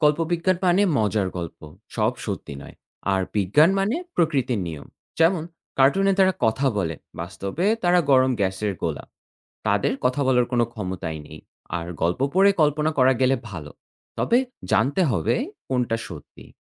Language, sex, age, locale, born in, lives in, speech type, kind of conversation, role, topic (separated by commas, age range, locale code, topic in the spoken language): Bengali, male, 25-29, bn-BD, Bangladesh, Finland, read, expert-assistant, expert, মহাবিশ্ব নিয়ে নতুন কিছু জানতে চাইলে, কোথা থেকে শুরু করা সবচেয়ে ভালো?